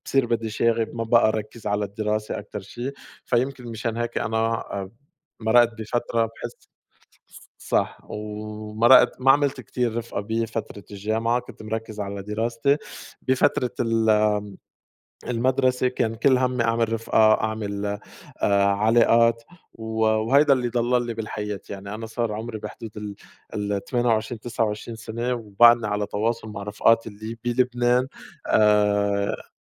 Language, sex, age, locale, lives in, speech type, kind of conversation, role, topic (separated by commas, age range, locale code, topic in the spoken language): Arabic, male, 30-34, ar-SY, France, conversation, unstructured, none, إيه أحلى موقف حصل لك وإنت بتتعلم؟